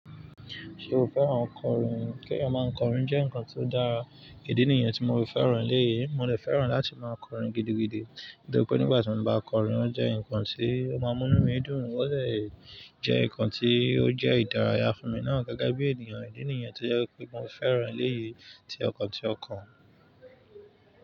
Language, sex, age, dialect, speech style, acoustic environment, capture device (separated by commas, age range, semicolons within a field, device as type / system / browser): Yoruba, male, 25-34, Nigeria - Nigerian Standard, focused, nature, mobile / Linux / Chrome